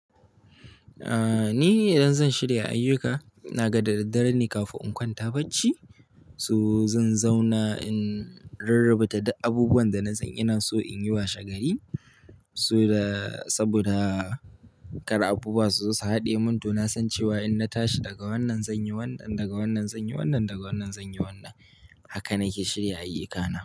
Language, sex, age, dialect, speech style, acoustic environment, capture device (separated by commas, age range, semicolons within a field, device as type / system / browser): Hausa, male, 18-24, Nigeria - Kano, happy, appliances, mobile / Linux / Chrome